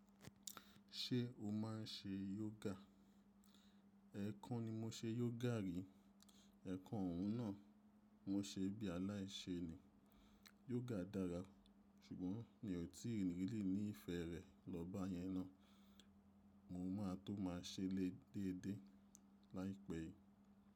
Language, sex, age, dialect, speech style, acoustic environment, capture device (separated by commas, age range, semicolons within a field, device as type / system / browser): Yoruba, male, 25-34, Nigeria - Nigerian Standard, relaxed, silence, mobile / macOS / Safari